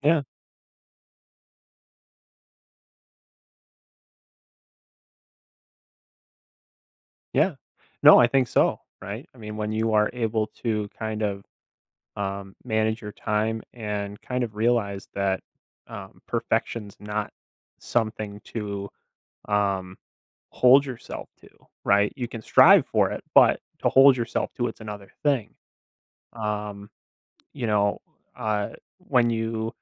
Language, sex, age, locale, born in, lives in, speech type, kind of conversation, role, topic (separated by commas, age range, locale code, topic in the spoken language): English, male, 25-29, en-US, United States, United States, conversation, advice, advisor, How do I celebrate and use this pride to stay motivated after finishing a personal goal?